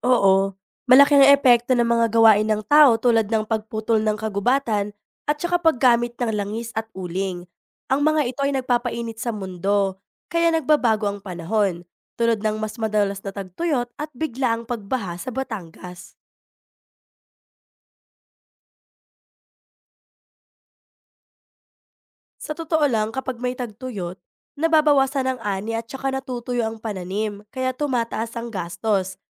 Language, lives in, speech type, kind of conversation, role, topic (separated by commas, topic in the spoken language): Filipino, Philippines, read, expert-assistant, expert, Ano ang mga sanhi ng pagbabago ng klima (climate change) at paano ito partikular na naaapektuhan ng mga gawain ng tao sa Pilipinas?